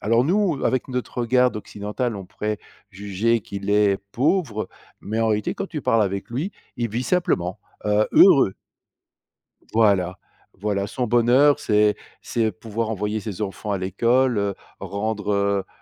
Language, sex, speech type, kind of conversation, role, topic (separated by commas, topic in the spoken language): French, male, conversation, unstructured, none, As-tu déjà planté un arbre, et comment ça s’est passé ?